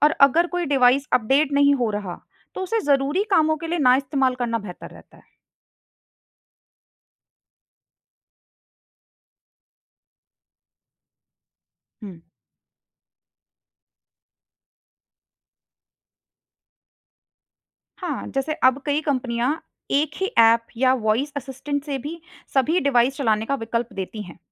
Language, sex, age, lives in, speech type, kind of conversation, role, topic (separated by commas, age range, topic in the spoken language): Hindi, female, 25-29, India, read, expert-assistant, expert, घर को स्मार्ट होम में बदलने के लाभ और संभावित चुनौतियाँ क्या हो सकती हैं?